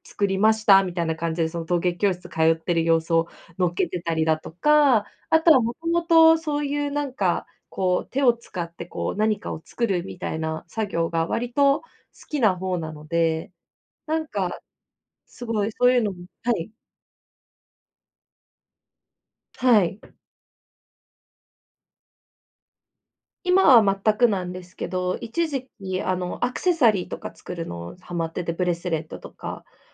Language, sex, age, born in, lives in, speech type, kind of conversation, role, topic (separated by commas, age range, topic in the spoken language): Japanese, female, 25-29, Japan, Japan, conversation, advice, user, ストレスがたまったときに無駄遣いを防ぐには、どんな習慣を身につければよいですか？